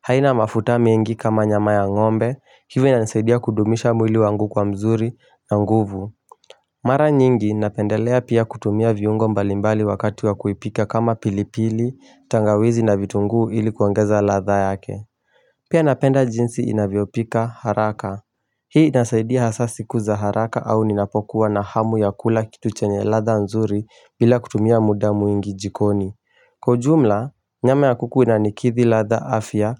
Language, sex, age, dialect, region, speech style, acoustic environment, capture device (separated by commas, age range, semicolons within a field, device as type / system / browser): Swahili, male, 18-24, Kenya - Mombasa, Kenya, focused, silence, mobile / Linux / Chrome